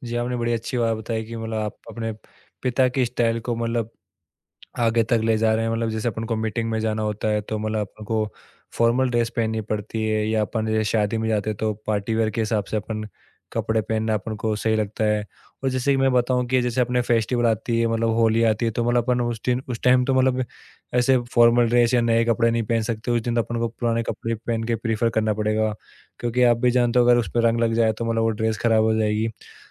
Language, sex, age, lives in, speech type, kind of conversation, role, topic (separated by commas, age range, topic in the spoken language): Hindi, male, 25-29, India, conversation, podcast, host, Style tumhari pehchaan ko kaise dikhata hai?